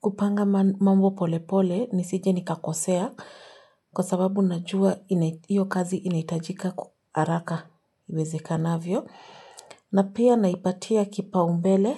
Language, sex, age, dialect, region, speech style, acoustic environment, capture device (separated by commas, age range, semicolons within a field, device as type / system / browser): Swahili, female, 25-34, Kenya - Nairobi Sheng-influenced, Kenya, focused, silence, mobile / Linux / Chrome